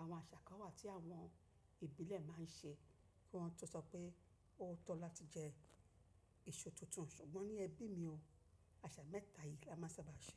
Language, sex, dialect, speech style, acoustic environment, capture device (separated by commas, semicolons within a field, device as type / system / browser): Yoruba, female, Nigeria - Lagos Yoruba, relaxed; happy; focused, nature; silence, mobile / macOS / Safari